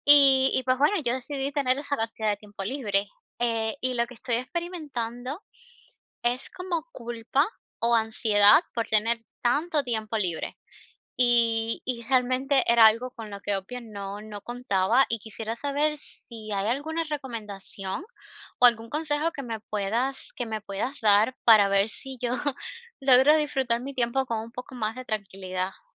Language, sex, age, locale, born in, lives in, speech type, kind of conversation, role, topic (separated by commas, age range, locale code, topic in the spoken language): Spanish, female, 25-29, es-CU, Cuba, United States, conversation, advice, user, ¿Por qué me siento culpable o con ansiedad cuando disfruto mi tiempo libre?